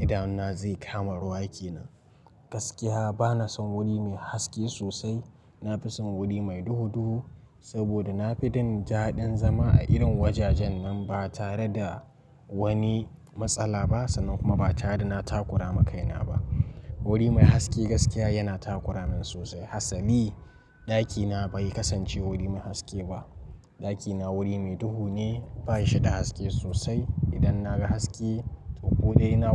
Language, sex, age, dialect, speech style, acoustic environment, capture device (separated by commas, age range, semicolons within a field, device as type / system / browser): Hausa, male, 18-24, Nigeria - Nigerian Standard, relaxed; focused, silence; nature, mobile / Linux / Chrome